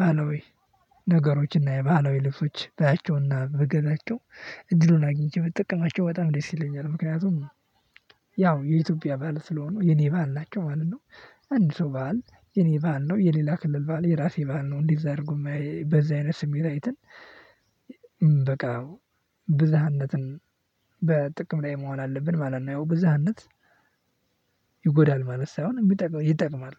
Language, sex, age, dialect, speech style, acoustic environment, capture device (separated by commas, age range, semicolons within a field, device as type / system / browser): Amharic, male, 18-24, Ethiopia - Addis Ababa, happy; nervous, people talking; silence; music, mobile / Linux / Chrome